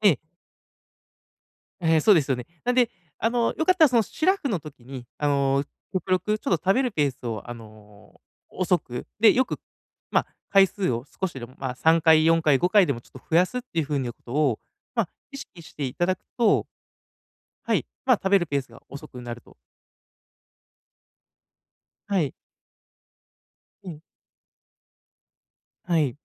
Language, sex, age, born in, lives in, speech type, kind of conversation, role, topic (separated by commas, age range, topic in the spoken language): Japanese, male, 30-34, Japan, Japan, conversation, advice, advisor, 満腹感と空腹感を見極める